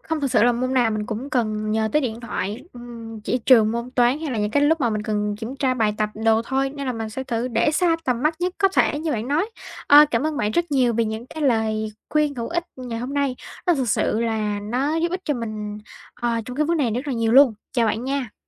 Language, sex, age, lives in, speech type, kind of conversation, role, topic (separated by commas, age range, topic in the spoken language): Vietnamese, female, 18-19, Vietnam, conversation, advice, user, Làm thế nào để giảm tình trạng bị phân tâm liên tục bởi thông báo điện thoại và email?